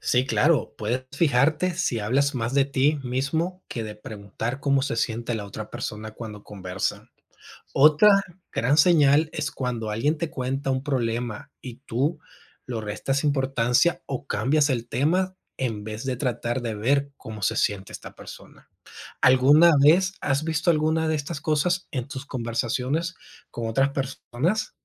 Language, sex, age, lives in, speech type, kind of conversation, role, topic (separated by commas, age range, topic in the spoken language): Spanish, male, 30-34, United States, read, expert-assistant, expert, ¿Por qué es importante la empatía en las relaciones humanas?